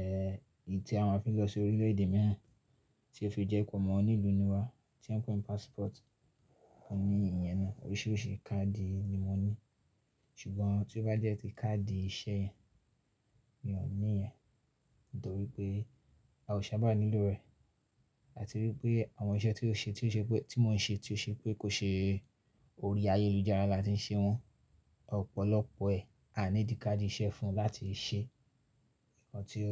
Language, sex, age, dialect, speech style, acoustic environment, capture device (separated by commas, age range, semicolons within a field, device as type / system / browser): Yoruba, male, 25-34, Nigeria - Ibadan, focused; relaxed, silence, mobile / Linux / Chrome